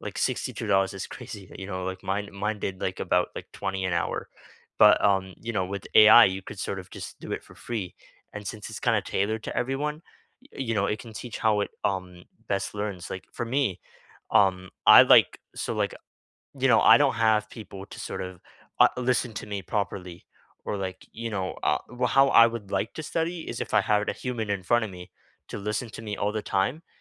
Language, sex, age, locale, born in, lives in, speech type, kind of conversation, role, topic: English, male, 18-19, en-CA, Canada, Canada, conversation, unstructured, none, What everyday tools or small hacks actually save you time and make life easier?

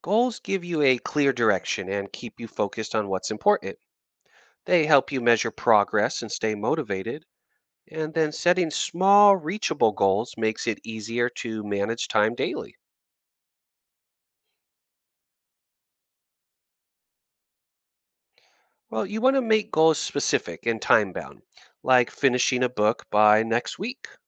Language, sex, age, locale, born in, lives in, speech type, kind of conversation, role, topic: English, male, 35-39, en-US, United States, United States, read, expert-assistant, expert, How can time management skills be improved?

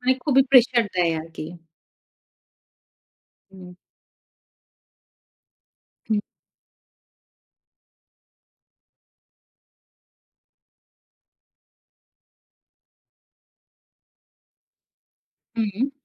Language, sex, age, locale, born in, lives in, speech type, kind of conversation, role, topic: Bengali, female, 35-39, bn-BD, Bangladesh, Finland, conversation, podcast, host, নিজের সীমা ঠিক রেখে ‘না’ বলতে তুমি কীভাবে পারো?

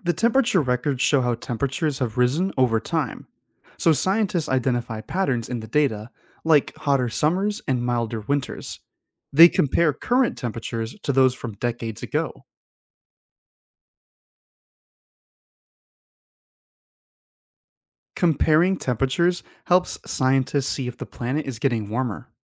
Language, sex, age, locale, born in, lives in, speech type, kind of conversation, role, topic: English, male, 25-29, en-US, United States, United States, read, expert-assistant, expert, How do scientists measure climate change?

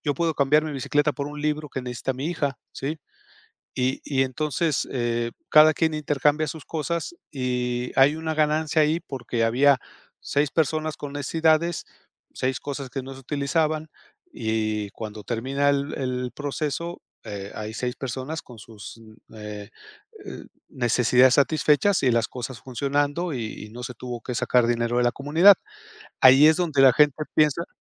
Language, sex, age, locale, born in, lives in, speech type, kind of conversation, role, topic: Spanish, male, 55-59, es-MX, Mexico, Mexico, conversation, podcast, guest, ¿Cómo sería un barrio más sostenible?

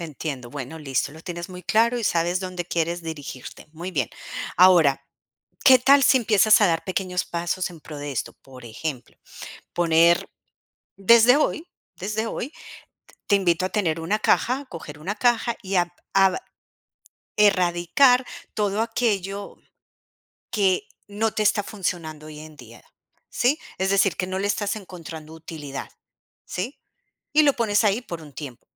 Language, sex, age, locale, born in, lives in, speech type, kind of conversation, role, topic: Spanish, female, 45-49, es-CO, Colombia, United States, conversation, advice, advisor, ¿Cómo puedo empezar a vivir con menos y con más intención?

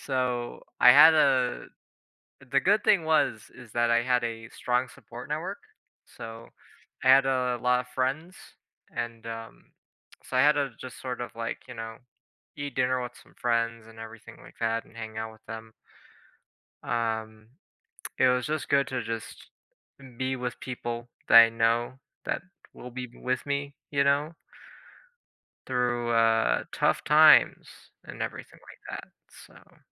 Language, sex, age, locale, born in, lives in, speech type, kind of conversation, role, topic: English, male, 20-24, en-US, United States, United States, conversation, podcast, guest, What strategies have you found most helpful for coping with daily stress?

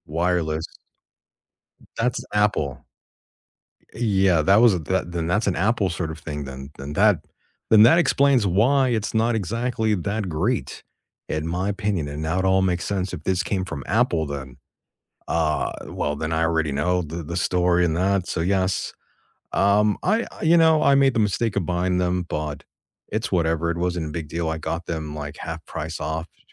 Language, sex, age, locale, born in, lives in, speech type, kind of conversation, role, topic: English, male, 35-39, en-US, United States, United States, conversation, unstructured, none, What is the one gadget you cannot live without this year?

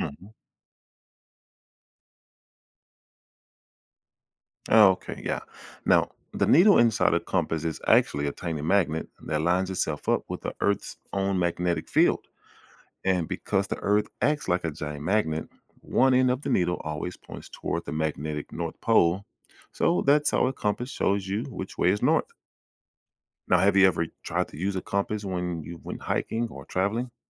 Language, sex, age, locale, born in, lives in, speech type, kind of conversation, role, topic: English, male, 40-44, en-US, United States, United States, read, expert-assistant, expert, How does a compass determine north?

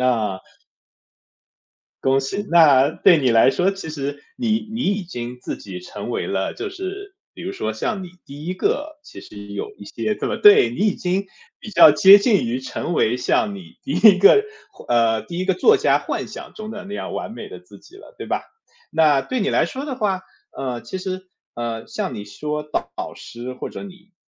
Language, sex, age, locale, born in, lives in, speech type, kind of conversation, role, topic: Chinese, male, 30-34, zh-CN, China, United States, conversation, podcast, host, 你平常怎么找导师或榜样？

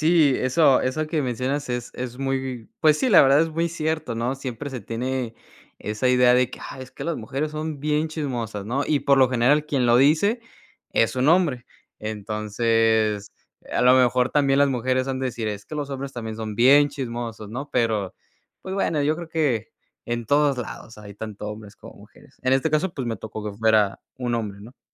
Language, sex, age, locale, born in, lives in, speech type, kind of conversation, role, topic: Spanish, male, 20-24, es-MX, Mexico, United States, conversation, podcast, guest, ¿Qué haces cuando surge un conflicto entre compañeros de trabajo?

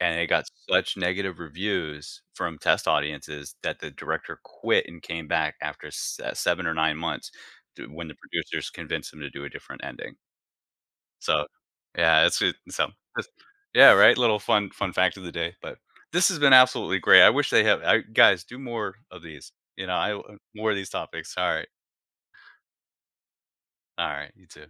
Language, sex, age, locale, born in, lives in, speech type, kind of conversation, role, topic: English, male, 40-44, en-US, United States, United States, conversation, podcast, guest, What makes a story memorable and engaging across different types of media?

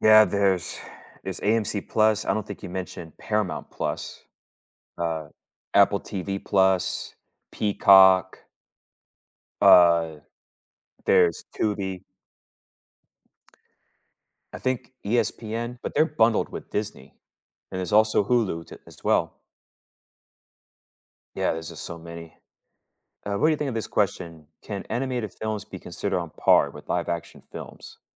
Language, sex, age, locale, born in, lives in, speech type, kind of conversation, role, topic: English, male, 30-34, en-US, United States, United States, conversation, unstructured, none, How do different types of movies shape our culture and society?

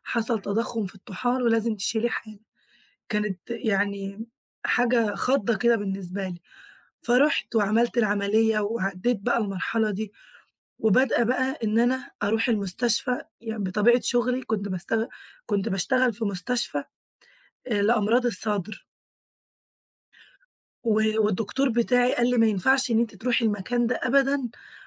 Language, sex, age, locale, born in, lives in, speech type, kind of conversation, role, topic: Arabic, female, 20-24, ar-EG, Egypt, Greece, conversation, advice, user, إزاي أتعامل لما مديري أو زمايلي مايبقوش فاهمين حالتي الصحية؟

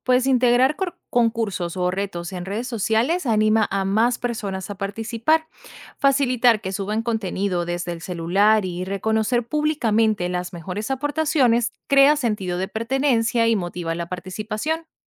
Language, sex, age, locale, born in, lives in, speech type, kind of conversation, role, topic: Spanish, female, 25-29, es-VE, Venezuela, United States, read, expert-assistant, expert, ¿Cómo puede una comunidad lograr que el gobierno atienda sus demandas?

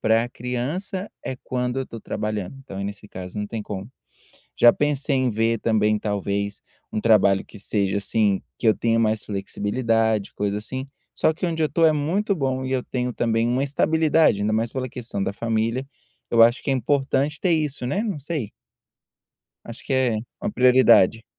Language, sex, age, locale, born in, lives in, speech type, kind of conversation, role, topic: Portuguese, male, 30-34, pt-BR, Brazil, United States, conversation, advice, user, Como posso equilibrar minha paixão com minhas responsabilidades diárias?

